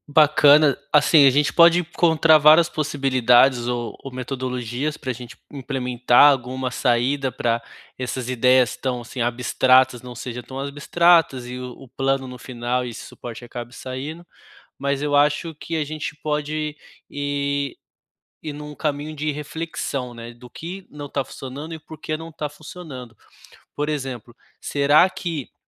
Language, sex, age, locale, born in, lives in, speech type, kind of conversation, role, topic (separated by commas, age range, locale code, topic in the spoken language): Portuguese, male, 30-34, pt-BR, Brazil, Germany, conversation, advice, advisor, Como podemos melhorar a comunicação na nossa equipe criativa quando todos ficam travados?